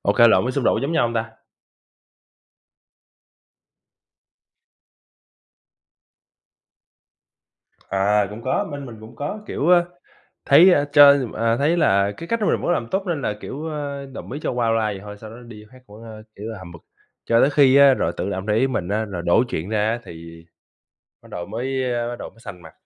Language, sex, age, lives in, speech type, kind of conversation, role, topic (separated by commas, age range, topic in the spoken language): Vietnamese, male, 25-29, United States, conversation, unstructured, none, Bạn có cho rằng thảo luận là cách tốt nhất để giải quyết xung đột không?